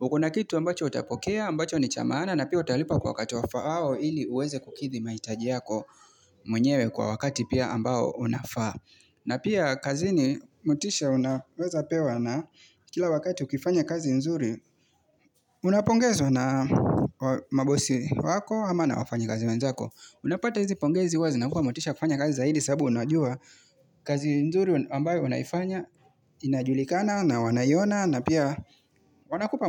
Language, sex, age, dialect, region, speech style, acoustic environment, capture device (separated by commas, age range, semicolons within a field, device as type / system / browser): Swahili, male, 25-34, Kenya - Mombasa, Kenya, relaxed, nature, mobile / Linux / Chrome